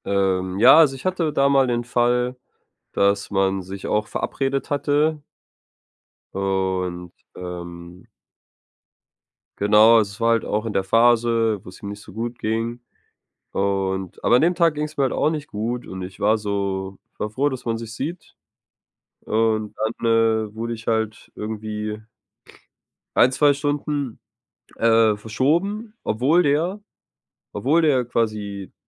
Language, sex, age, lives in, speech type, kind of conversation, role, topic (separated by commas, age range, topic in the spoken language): German, male, 25-29, Germany, conversation, advice, user, Wie setze ich Grenzen, wenn ich Freunden helfen möchte?